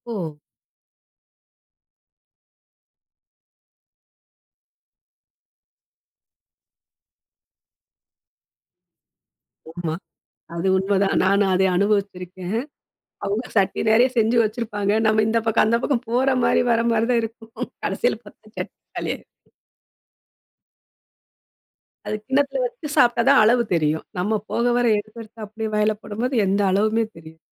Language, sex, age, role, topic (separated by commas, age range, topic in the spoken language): Tamil, female, 40-44, host, பாரம்பரிய பண்டிகை உணவுகளை இன்றைய காலத்துக்கேற்றவாறு எப்படி புதுப்பிப்பீர்கள்?